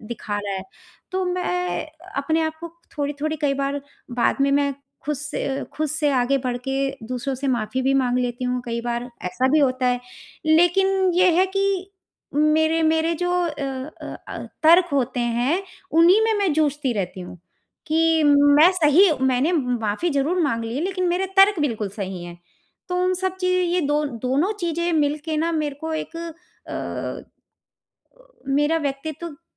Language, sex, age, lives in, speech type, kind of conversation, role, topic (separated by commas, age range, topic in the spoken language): Hindi, female, 45-49, India, conversation, advice, user, मैं क्रोध के क्षणों में तर्क-वितर्क को शांत कैसे करूँ?